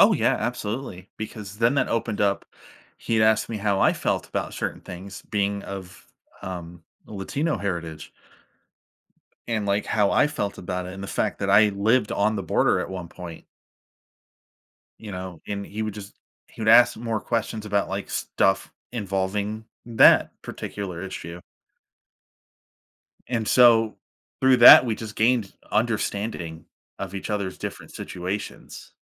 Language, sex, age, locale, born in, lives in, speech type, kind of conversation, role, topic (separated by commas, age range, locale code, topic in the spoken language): English, male, 30-34, en-US, United States, United States, conversation, podcast, guest, How do you approach disagreements when your beliefs differ from someone else's?